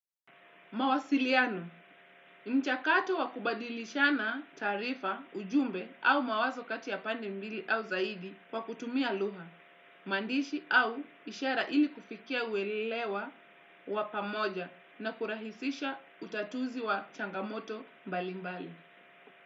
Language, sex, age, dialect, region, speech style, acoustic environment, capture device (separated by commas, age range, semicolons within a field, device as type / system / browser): Swahili, female, 25-34, Kenya - Mombasa, Kenya, relaxed, silence, desktop / Windows / Edge